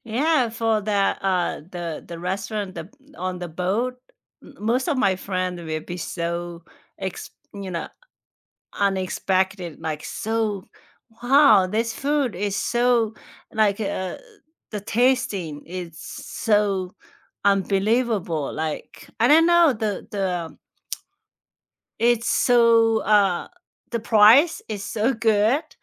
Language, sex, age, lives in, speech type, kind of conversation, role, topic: English, female, 45-49, United States, conversation, unstructured, none, Which hidden gems in your city do you love showing visiting friends, and why?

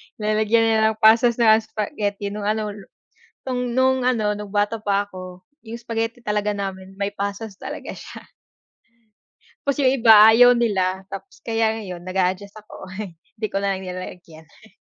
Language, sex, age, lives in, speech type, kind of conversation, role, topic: Filipino, female, 25-29, Philippines, conversation, unstructured, none, Ano ang paborito mong pagkain kapag gusto mong sumaya?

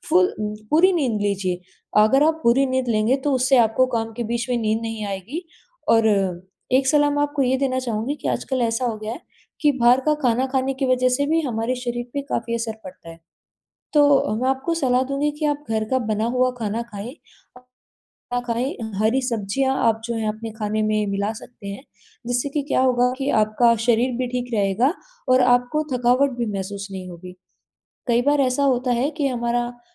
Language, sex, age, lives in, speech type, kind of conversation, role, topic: Hindi, female, 20-24, India, conversation, advice, advisor, आराम करने पर भी ठीक न होने वाली थकान की पहचान कैसे करें?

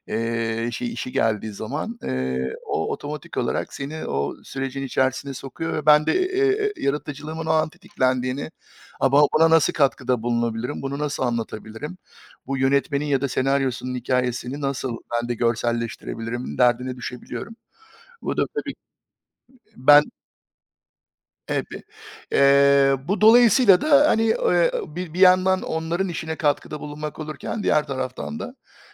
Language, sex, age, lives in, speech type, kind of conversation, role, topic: Turkish, male, 45-49, United States, conversation, unstructured, none, Yaratıcılığınızı hangi hobilerle ortaya çıkarıyorsunuz?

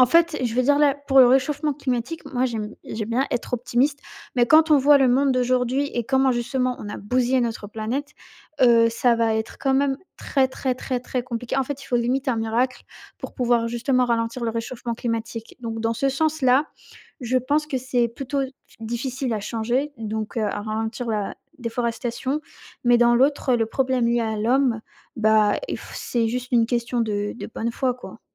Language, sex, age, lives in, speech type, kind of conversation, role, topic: French, female, 18-19, France, conversation, unstructured, none, Comment réagis-tu face à la déforestation massive dans le monde ?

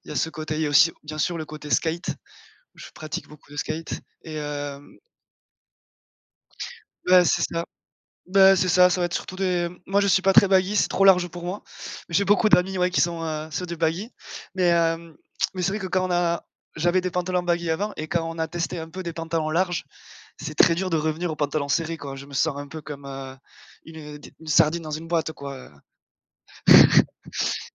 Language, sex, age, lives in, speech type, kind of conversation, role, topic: French, male, 25-29, France, conversation, podcast, guest, Comment ton style raconte-t-il une partie de ton histoire personnelle ?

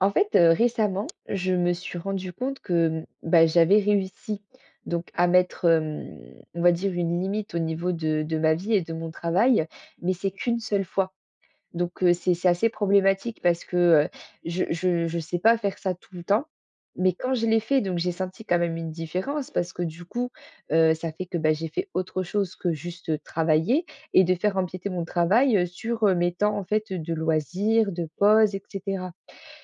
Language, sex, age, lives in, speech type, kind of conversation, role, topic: French, female, 20-24, France, conversation, advice, user, Comment puis-je mieux définir et maintenir des limites claires entre mon travail et ma vie privée ?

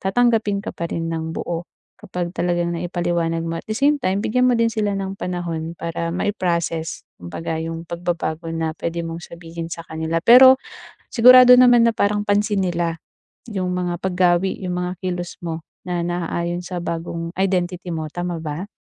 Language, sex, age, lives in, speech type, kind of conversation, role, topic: Filipino, female, 25-29, Philippines, conversation, advice, advisor, Paano ako mananatiling totoo sa sarili habang nakikibagay sa grupo?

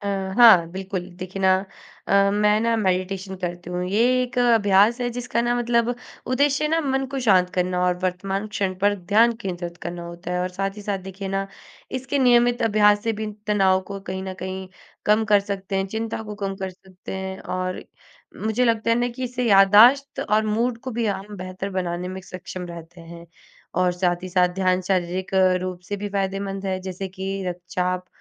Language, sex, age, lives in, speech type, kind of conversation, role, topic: Hindi, female, 20-24, India, conversation, podcast, guest, ध्यान करने से तनाव में क्या बदलाव आता है?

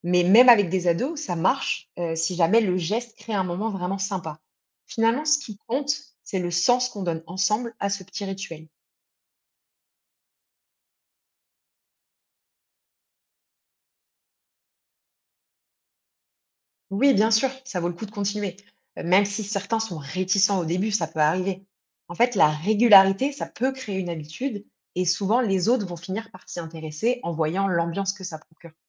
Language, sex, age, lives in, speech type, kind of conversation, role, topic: French, female, 30-34, France, read, expert-assistant, expert, Comment recréer des rituels familiaux à l’ère moderne ?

